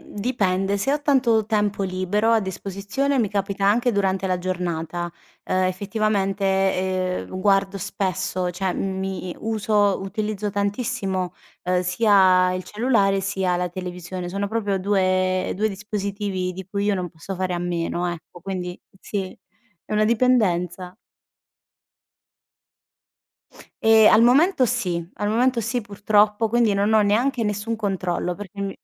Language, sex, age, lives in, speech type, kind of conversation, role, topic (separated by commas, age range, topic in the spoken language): Italian, female, 30-34, Italy, conversation, advice, user, In che modo l’eccessiva esposizione agli schermi rovina il tuo riposo serale?